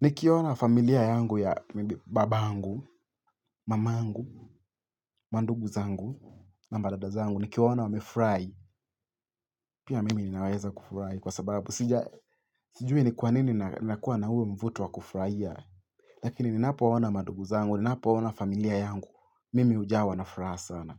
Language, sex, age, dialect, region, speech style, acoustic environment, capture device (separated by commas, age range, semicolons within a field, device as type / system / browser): Swahili, male, 18-24, Kenya - Mombasa, Kenya, stressed; focused, silence, mobile / Linux / Chrome